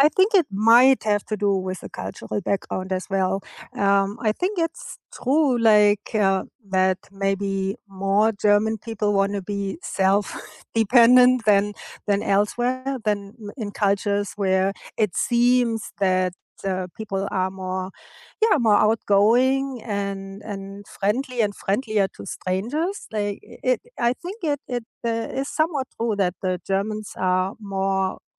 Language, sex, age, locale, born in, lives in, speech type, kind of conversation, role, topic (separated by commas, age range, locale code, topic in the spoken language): English, female, 55-59, en-DE, Germany, United States, conversation, unstructured, none, Why do some people find it hard to ask for help?